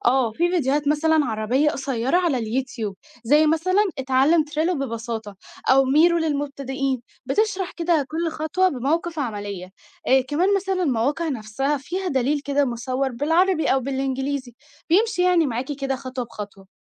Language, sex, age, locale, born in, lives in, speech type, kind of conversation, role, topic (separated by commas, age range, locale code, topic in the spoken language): Arabic, female, 18-19, ar-EG, Egypt, Egypt, read, expert-assistant, expert, إيه المصادر أو القنوات اللي ممكن تخليني أفهم نظريات التعلم بشكل مبسط وبأمثلة من الحياة؟